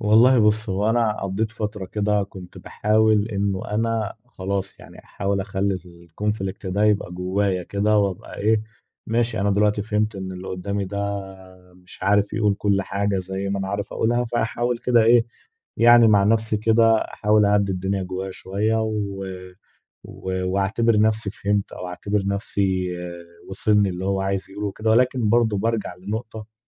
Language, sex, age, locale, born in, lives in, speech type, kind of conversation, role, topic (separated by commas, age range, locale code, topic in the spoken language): Arabic, male, 20-24, ar-EG, Egypt, Egypt, conversation, advice, user, إزاي أفتح حوار صريح مع صاحبي عشان نتفادى سوء الفهم ومنجرحش مشاعر بعض؟